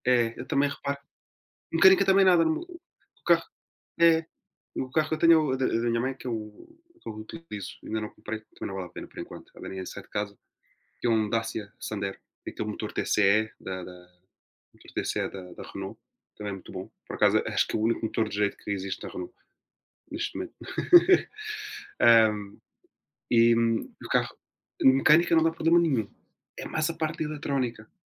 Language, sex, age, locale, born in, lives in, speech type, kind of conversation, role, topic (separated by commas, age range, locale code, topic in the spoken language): Portuguese, male, 20-24, pt-PT, Portugal, Portugal, conversation, unstructured, none, O que você acha de viajar de carro em vez de avião?